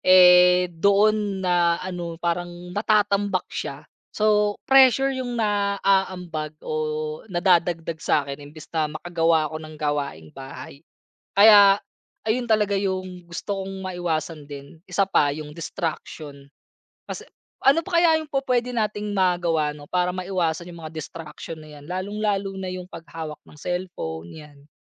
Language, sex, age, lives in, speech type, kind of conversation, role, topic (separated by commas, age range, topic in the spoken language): Filipino, male, 30-34, Philippines, conversation, advice, user, Bakit hindi epektibo para sa iyo ang paggamit ng mga aplikasyon o paalala upang manatili sa bagong nakagawian?